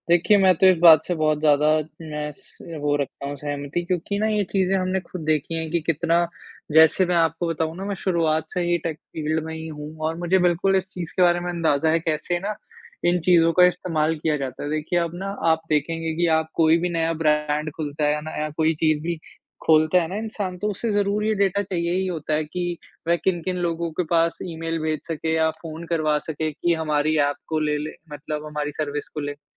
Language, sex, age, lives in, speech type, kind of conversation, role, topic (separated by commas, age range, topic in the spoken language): Hindi, male, 20-24, India, conversation, unstructured, none, क्या तकनीक ने हमारी निजता को खतरे में डाल दिया है?